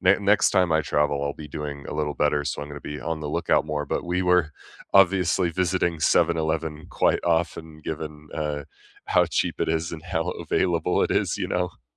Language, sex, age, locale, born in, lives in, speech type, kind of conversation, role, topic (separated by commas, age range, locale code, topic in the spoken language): English, male, 25-29, en-US, United States, United States, conversation, unstructured, none, What recent meal genuinely surprised you, and where were you, who were you with, and why did it stand out?